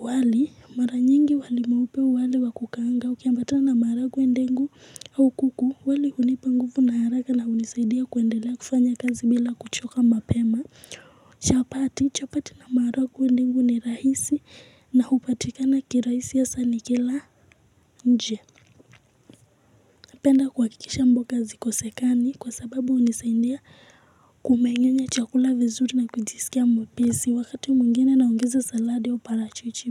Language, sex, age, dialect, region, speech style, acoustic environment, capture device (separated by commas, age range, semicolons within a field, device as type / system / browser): Swahili, female, 18-24, Kenya - Nairobi Sheng-influenced, Kenya, excited; focused, music; people talking; nature, mobile / Linux / Chrome